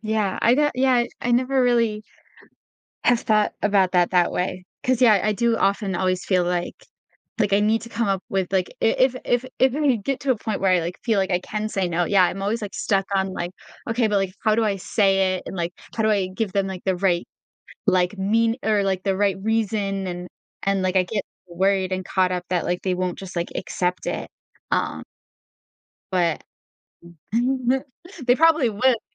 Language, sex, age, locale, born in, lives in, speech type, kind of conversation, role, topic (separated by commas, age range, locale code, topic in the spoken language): English, female, 20-24, en-US, United States, United States, conversation, advice, user, How stressed are you about balancing work and your personal life?